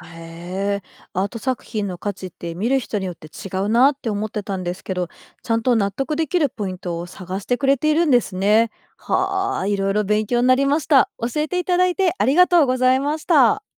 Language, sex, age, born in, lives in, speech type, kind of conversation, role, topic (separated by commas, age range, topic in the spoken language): Japanese, female, 40-44, Japan, Japan, read, expert-assistant, asker, アート作品を評価するとき、技術的な完成度とメッセージ性、どちらを重視するべきだと思いますか？